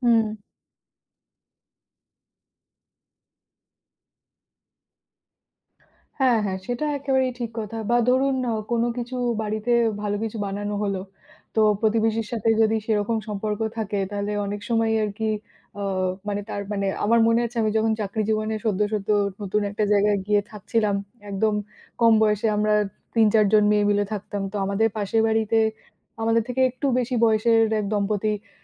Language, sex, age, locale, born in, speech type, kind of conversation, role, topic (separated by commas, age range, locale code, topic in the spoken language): Bengali, female, 30-34, bn-IN, India, conversation, unstructured, none, প্রতিবেশীদের সঙ্গে ভালো সম্পর্ক কীভাবে গড়ে তোলা যায়?